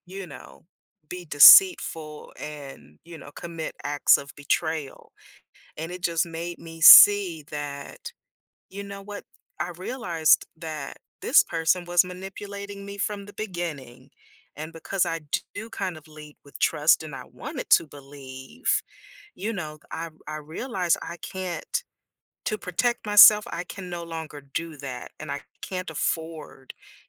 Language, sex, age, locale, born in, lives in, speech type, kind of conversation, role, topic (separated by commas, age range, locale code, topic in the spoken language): English, female, 50-54, en-US, United States, United States, conversation, podcast, guest, How do difficult experiences shape the lessons we remember most?